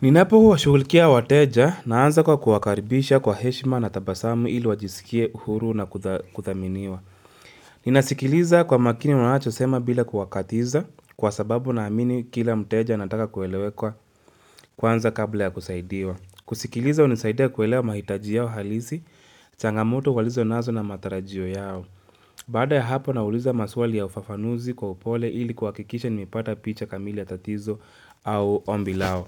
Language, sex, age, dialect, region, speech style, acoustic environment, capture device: Swahili, male, 25-34, Kenya - Nairobi Sheng-influenced, Kenya, focused; relaxed, nature, mobile / Linux / Chrome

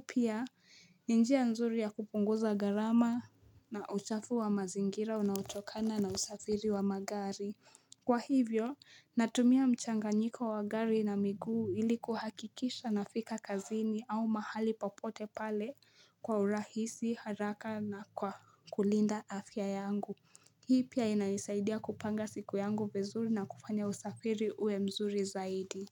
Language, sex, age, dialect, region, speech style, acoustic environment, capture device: Swahili, female, 18-24, Kenya - Nairobi Sheng-influenced, Kenya, focused, nature, mobile / Linux / Chrome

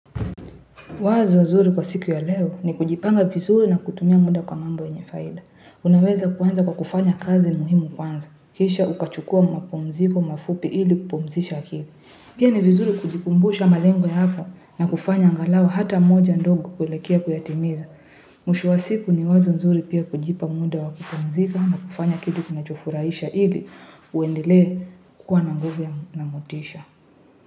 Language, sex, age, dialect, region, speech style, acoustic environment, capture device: Swahili, female, 18-24, Kenya - Nairobi Sheng-influenced, Kenya, happy, people talking, mobile / Linux / Chrome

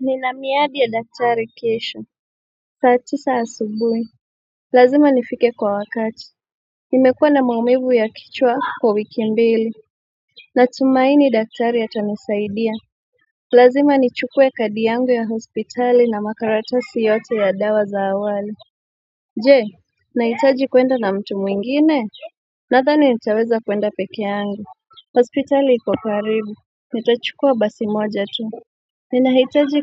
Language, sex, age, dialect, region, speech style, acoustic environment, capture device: Swahili, female, 25-34, Kenya - Mombasa, Kenya, relaxed, nature, mobile / Android / Chrome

